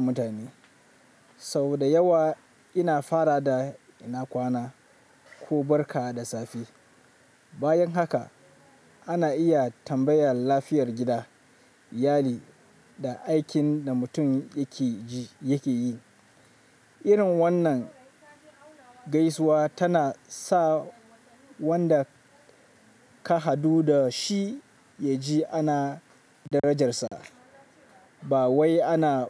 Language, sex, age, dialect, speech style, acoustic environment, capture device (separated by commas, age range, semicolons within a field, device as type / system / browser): Hausa, male, 25-34, Nigeria - Kano, relaxed, nature, mobile / Linux / Chrome